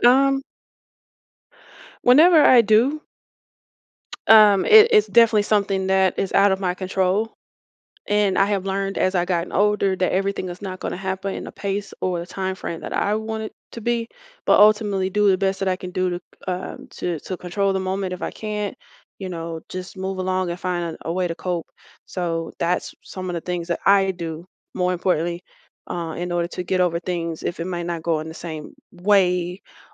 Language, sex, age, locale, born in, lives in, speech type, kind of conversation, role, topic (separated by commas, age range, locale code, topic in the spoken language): English, female, 35-39, en-US, United States, United States, conversation, podcast, guest, How do your morning habits shape the rest of your day?